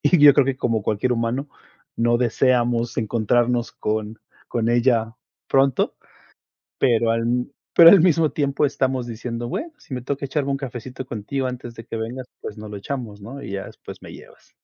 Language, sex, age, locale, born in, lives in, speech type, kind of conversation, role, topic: Spanish, male, 40-44, es-MX, Mexico, United States, conversation, unstructured, none, ¿Cómo puedes hablar con alguien que ha perdido a un ser querido?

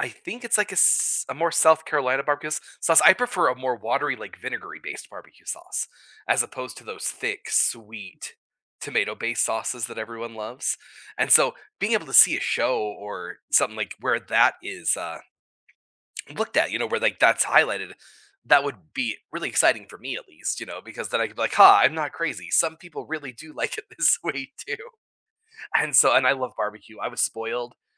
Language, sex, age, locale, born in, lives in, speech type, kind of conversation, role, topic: English, male, 40-44, en-US, United States, United States, conversation, unstructured, none, When traveling with people who prefer different paces, how do you keep everyone happy?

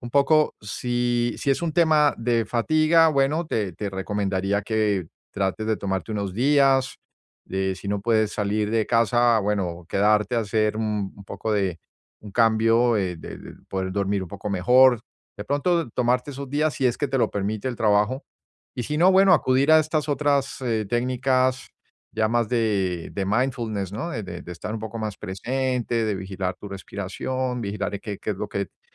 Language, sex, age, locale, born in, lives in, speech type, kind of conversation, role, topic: Spanish, male, 55-59, es-US, Colombia, United States, conversation, advice, advisor, ¿Cómo puedo saber si estoy agotado física o emocionalmente?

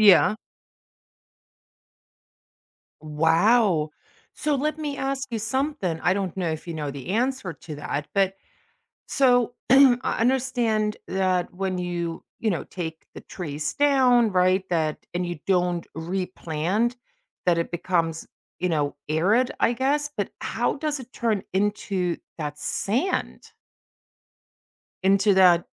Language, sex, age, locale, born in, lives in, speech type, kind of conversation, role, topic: English, female, 45-49, en-DE, Germany, United States, conversation, podcast, host, Why are healthy forests essential for our planet's well-being?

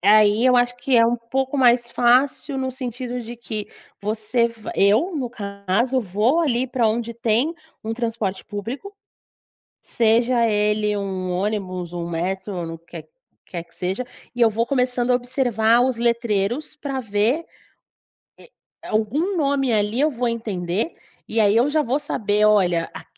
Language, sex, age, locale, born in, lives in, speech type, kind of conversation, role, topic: Portuguese, female, 30-34, pt-BR, Brazil, Portugal, conversation, podcast, guest, Que dica prática você dá para não se perder em cidades grandes?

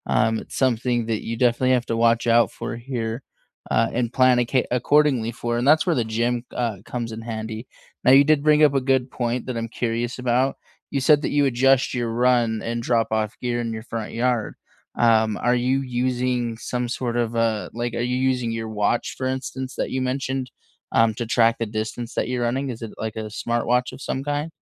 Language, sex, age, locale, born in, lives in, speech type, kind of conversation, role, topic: English, male, 25-29, en-US, United States, United States, conversation, unstructured, none, How do your morning habits shape your energy and set the tone for your day?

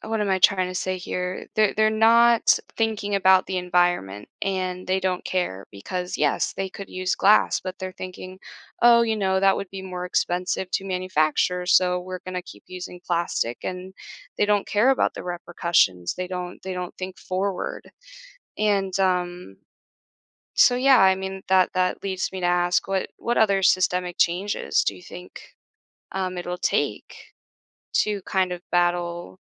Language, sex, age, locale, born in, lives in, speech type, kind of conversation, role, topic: English, female, 25-29, en-US, United States, United States, conversation, podcast, host, How can everyday choices help protect the environment?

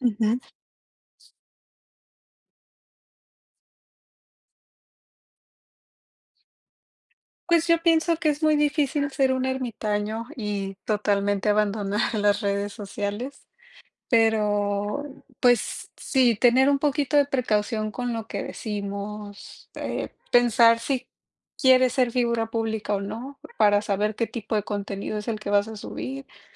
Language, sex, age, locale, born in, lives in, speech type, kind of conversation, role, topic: Spanish, female, 30-34, es-MX, Mexico, Mexico, conversation, unstructured, none, ¿Confías en la privacidad de tus datos en redes sociales?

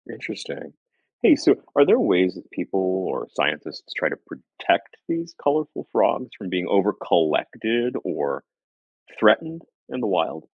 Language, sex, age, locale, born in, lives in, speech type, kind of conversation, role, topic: English, male, 40-44, en-US, United States, United States, read, expert-assistant, asker, Why do some frogs have bright colors?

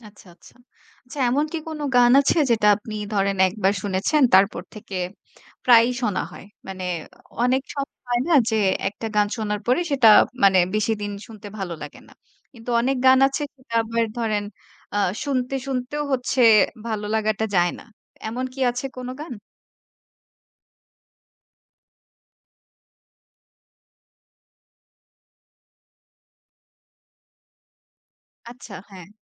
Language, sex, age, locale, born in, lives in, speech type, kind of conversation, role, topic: Bengali, female, 25-29, bn-BD, Bangladesh, Bangladesh, conversation, podcast, host, আপনার সঙ্গীতের রুচি কীভাবে গড়ে উঠেছে?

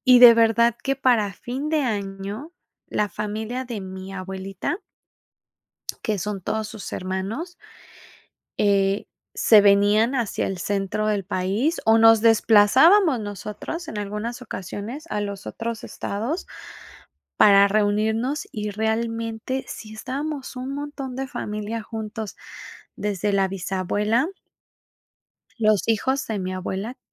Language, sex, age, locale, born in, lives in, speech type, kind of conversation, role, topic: Spanish, female, 35-39, es-MX, Mexico, Mexico, conversation, podcast, guest, ¿Qué tradición familiar significa mucho para ti?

